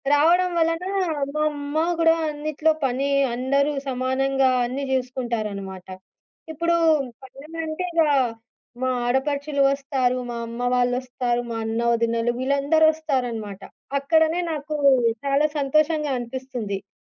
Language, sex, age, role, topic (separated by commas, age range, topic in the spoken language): Telugu, female, 25-29, guest, మీరు అందరితో కలిసి జరిపిన చక్కటి పండగ అనుభవం గురించి చెప్పగలరా?